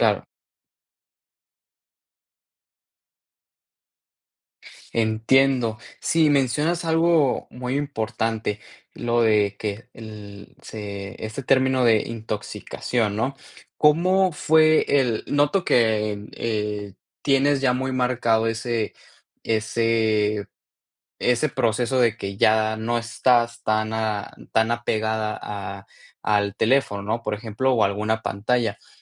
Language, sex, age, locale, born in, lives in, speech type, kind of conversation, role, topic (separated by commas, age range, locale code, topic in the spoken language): Spanish, male, 20-24, es-MX, Mexico, United States, conversation, podcast, host, ¿Qué haces para desconectarte de las pantallas?